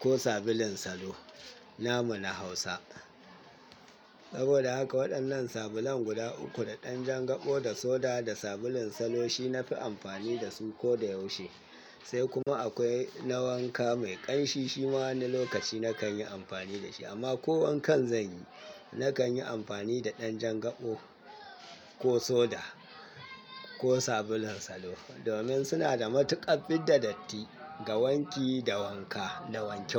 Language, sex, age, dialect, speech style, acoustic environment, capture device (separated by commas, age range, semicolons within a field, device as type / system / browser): Hausa, male, 25-34, Nigeria - Katsina, happy, nature, mobile / Linux / Chrome